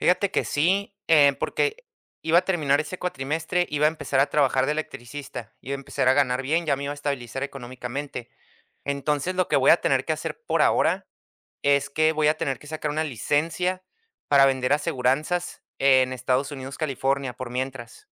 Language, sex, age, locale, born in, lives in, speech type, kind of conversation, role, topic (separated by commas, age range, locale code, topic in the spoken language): Spanish, male, 30-34, es-US, United States, United States, conversation, advice, user, ¿Cómo puedo reajustar mis metas después de un contratiempo o fracaso?